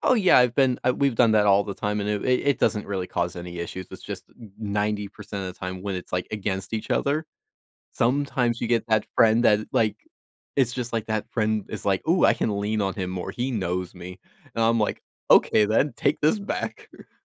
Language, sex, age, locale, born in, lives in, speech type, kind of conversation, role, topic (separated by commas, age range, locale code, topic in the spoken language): English, male, 20-24, en-US, United States, United States, conversation, podcast, guest, How can sharing hobbies with others strengthen our relationships and well-being?